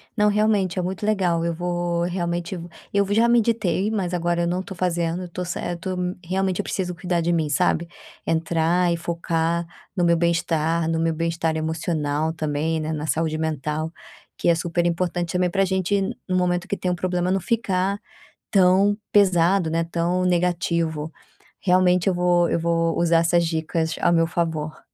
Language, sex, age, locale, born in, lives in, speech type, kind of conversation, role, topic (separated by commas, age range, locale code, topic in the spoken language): Portuguese, female, 30-34, pt-BR, Brazil, Spain, conversation, advice, user, Como posso reconhecer aspectos positivos em dias estressantes?